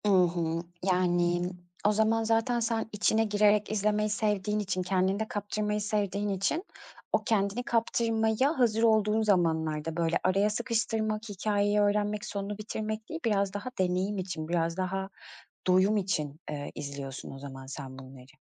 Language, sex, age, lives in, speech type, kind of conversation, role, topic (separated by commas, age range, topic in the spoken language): Turkish, female, 35-39, Greece, conversation, podcast, host, Haftalık bölüm yayınlamak mı, yoksa tüm bölümleri bir seferde yayımlamak mı daha iyi?